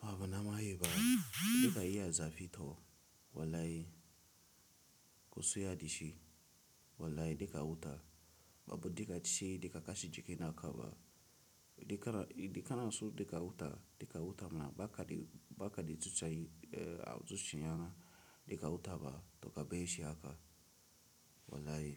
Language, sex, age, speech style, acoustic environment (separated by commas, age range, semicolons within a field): Hausa, male, 18-24, relaxed, silence